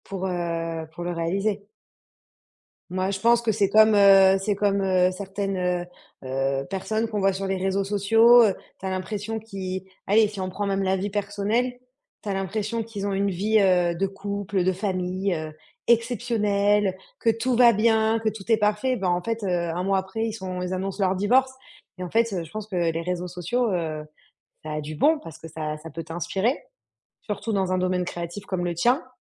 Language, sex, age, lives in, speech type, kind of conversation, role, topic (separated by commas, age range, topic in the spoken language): French, female, 30-34, France, conversation, advice, advisor, Comment vous comparez-vous aux autres sur les réseaux sociaux ?